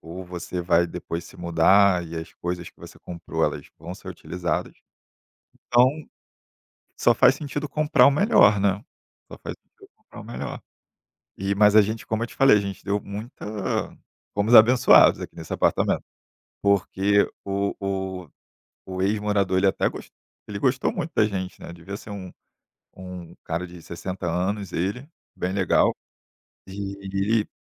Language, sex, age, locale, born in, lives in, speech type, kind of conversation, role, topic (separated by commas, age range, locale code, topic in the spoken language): Portuguese, male, 30-34, pt-BR, Brazil, Germany, conversation, podcast, guest, Qual cantinho da sua casa te traz mais aconchego?